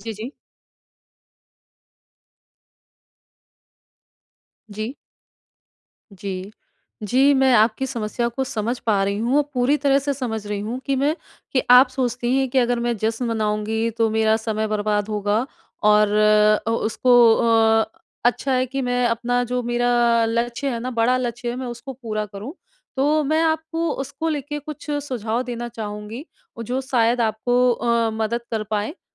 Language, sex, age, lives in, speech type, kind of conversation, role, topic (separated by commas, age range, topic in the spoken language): Hindi, female, 30-34, India, conversation, advice, advisor, मैं छोटी जीतों का जश्न मनाकर नई आदतें कैसे बना सकता/सकती हूँ?